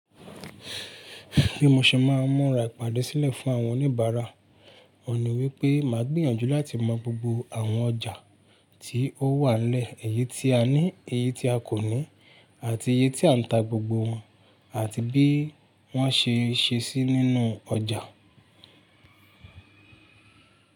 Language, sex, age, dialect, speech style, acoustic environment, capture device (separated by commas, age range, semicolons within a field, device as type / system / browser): Yoruba, male, 25-34, Nigeria - Ibadan, relaxed, silence, mobile / Linux / Chrome